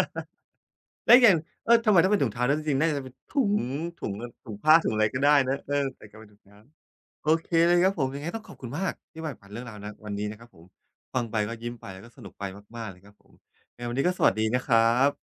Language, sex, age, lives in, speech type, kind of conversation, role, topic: Thai, male, 45-49, Thailand, conversation, podcast, host, ช่วงเทศกาลที่บ้านมีการผสมผสานวัฒนธรรมกันอย่างไรบ้าง?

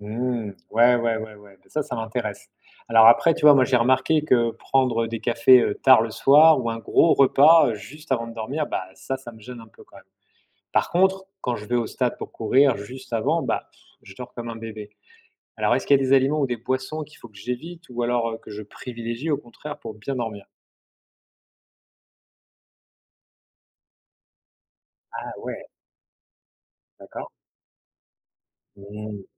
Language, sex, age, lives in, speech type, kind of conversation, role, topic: French, male, 45-49, France, read, expert-assistant, asker, Comment choisir quelles habitudes adopter quand on entend des messages différents sur la santé publique ?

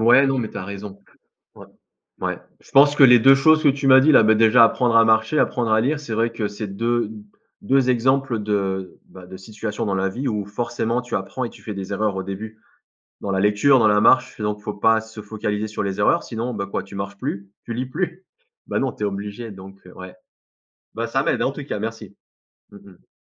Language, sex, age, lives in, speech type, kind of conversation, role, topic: French, male, 35-39, France, conversation, unstructured, none, Comment gères-tu les erreurs dans ta vie ?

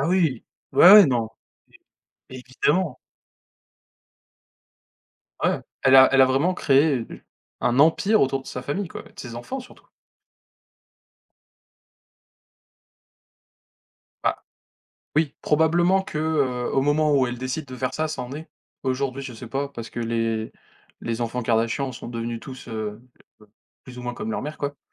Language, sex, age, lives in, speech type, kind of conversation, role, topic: French, male, 20-24, France, conversation, unstructured, none, Comment définis-tu le succès dans ta vie ?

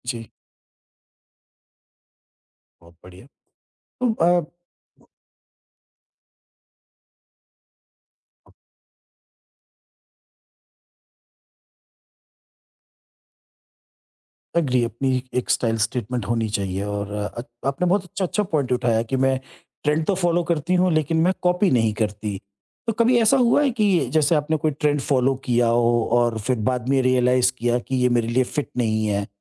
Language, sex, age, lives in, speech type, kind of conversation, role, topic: Hindi, male, 50-54, India, conversation, podcast, host, Trends follow karte ho ya apni vibe banate ho?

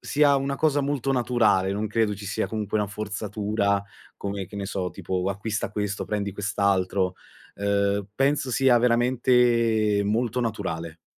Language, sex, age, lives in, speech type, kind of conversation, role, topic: Italian, male, 20-24, Italy, conversation, unstructured, none, Qual è un oggetto che ti fa tornare in mente un ricordo speciale?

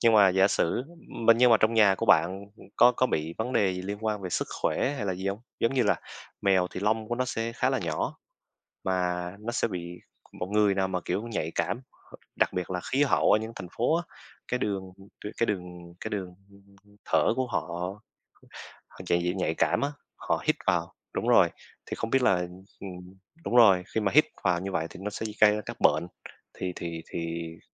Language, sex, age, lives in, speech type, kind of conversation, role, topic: Vietnamese, male, 20-24, Vietnam, conversation, unstructured, none, Bạn nghĩ sao về việc nuôi thú cưng so với không nuôi thú cưng?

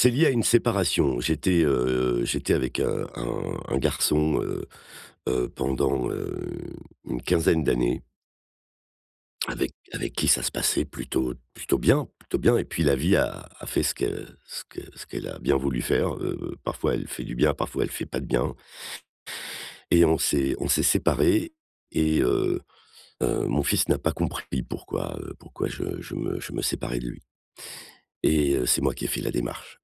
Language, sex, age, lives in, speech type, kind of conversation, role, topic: French, male, 55-59, France, conversation, advice, user, Comment gérer le sentiment d’exclusion pendant les fêtes et les réunions ?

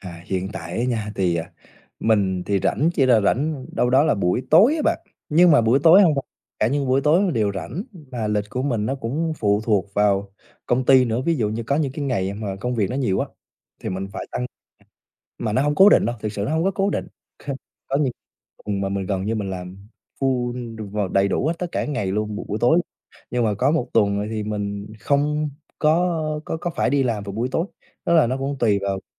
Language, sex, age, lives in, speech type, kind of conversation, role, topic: Vietnamese, male, 20-24, Vietnam, conversation, advice, user, Làm thế nào để tôi dám bắt đầu học một kỹ năng mới khi luôn sợ thất bại?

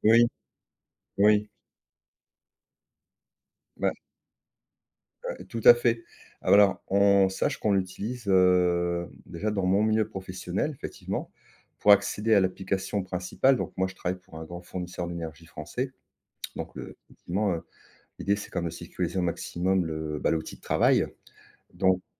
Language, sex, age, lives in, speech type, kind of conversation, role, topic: French, male, 50-54, France, conversation, podcast, guest, Comment protèges-tu ta vie privée en ligne ?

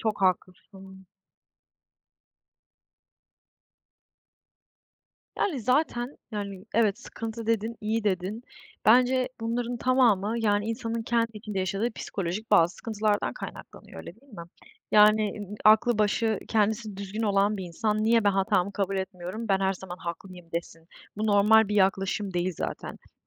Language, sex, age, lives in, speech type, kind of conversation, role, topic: Turkish, female, 20-24, Germany, conversation, unstructured, none, Kendi hatalarımızı kabul etmek neden önemlidir?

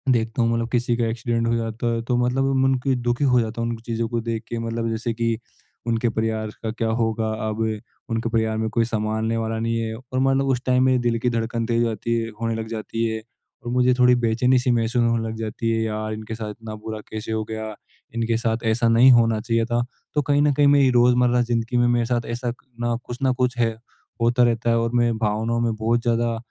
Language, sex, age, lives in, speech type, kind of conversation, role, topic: Hindi, male, 25-29, India, conversation, advice, user, मैं अपने दैनिक जीवन में अपनी भावनाओं को कैसे पहचानकर उनका नाम दे सकता/सकती हूँ?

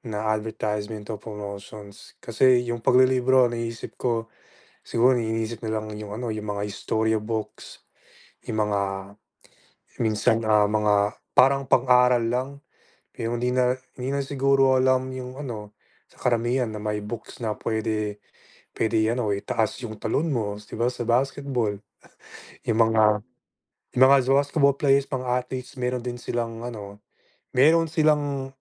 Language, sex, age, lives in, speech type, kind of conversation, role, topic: Filipino, male, 25-29, United States, conversation, unstructured, none, Ano ang dapat gawin upang mabawasan ang krimen sa ating mga barangay?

